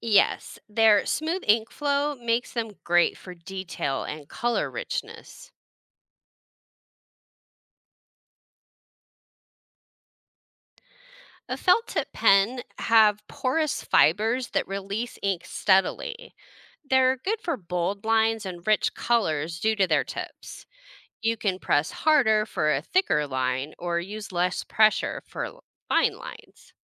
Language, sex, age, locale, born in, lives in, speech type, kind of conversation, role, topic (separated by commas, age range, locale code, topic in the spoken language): English, female, 40-44, en-US, United States, United States, read, expert-assistant, expert, How does a pen create different types of lines when we write?